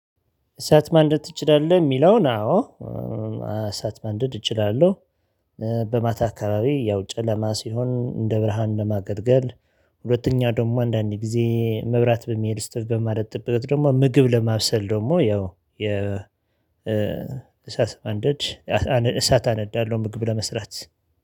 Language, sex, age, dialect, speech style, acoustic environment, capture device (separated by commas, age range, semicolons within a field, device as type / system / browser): Amharic, male, 35-44, Ethiopia - Addis Ababa, excited, silence, mobile / Linux / Chrome